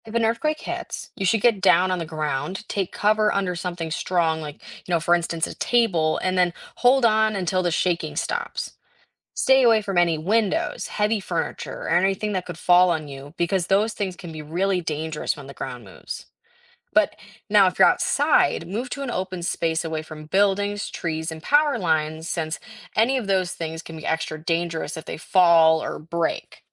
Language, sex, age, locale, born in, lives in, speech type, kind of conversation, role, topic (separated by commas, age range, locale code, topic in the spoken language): English, female, 18-19, en-US, United States, United States, read, expert-assistant, expert, How do earthquakes occur and what causes them?